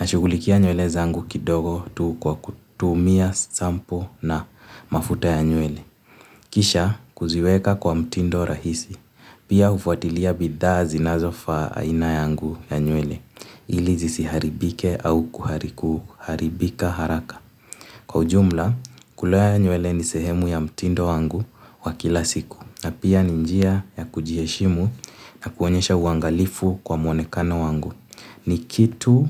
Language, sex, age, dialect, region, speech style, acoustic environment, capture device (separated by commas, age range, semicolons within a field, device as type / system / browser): Swahili, male, 18-24, Kenya - Nairobi Sheng-influenced, Kenya, excited; nervous, silence, mobile / Linux / Chrome